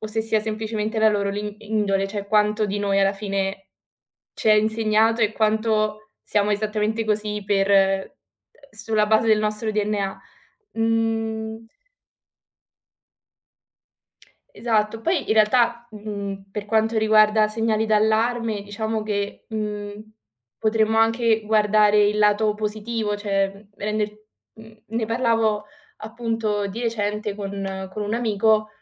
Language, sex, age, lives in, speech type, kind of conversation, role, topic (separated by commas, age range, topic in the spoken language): Italian, female, 20-24, Italy, conversation, unstructured, none, Come possiamo convincere le persone a non ignorare i segnali d’allarme emotivi?